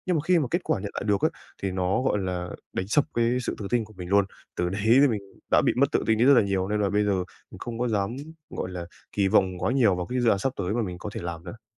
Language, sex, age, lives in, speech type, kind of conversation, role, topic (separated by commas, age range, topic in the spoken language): Vietnamese, male, 20-24, Japan, conversation, advice, user, Làm sao tôi có thể học từ sai lầm mà không mất tự tin?